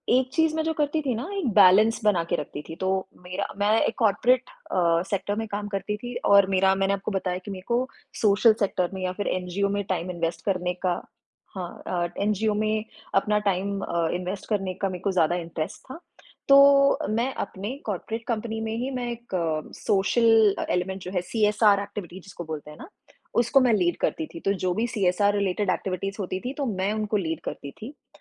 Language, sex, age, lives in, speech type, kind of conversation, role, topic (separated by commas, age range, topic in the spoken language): Hindi, female, 30-34, India, conversation, podcast, guest, Tum apne shauk aur kamaai ke beech ka santulan kaise banate ho?